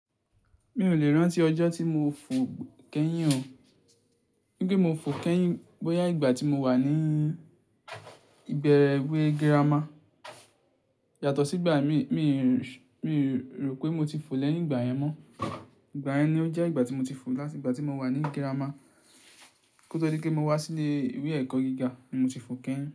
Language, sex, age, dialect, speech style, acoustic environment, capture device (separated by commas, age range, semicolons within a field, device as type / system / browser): Yoruba, male, 18-24, Nigeria - Ibadan, stressed, silence, mobile / Linux / Chrome